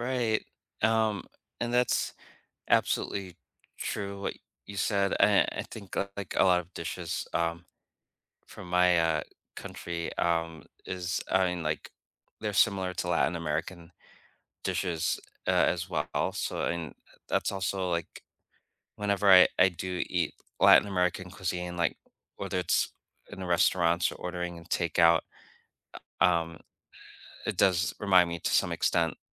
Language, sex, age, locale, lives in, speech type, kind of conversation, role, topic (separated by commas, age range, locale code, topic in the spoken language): English, male, 45-49, en-US, United States, conversation, unstructured, none, What everyday rituals, choices, or moments help you keep your cultural or spiritual traditions alive, and what do they mean to you?